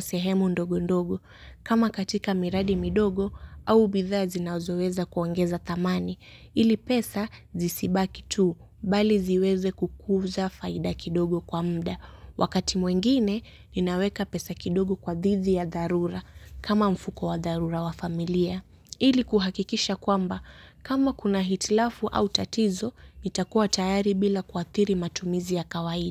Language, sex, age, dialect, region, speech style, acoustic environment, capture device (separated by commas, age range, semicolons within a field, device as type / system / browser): Swahili, female, 18-24, Kenya - Mombasa, Kenya, relaxed, nature, mobile / Linux / Chrome